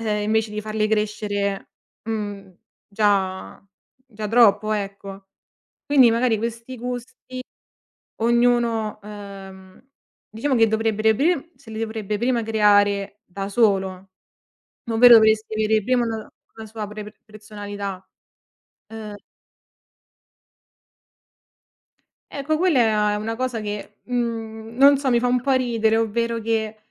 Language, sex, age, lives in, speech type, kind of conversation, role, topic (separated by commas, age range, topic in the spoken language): Italian, female, 25-29, Italy, conversation, podcast, guest, Che cosa ne pensi di come i social hanno cambiato i nostri gusti?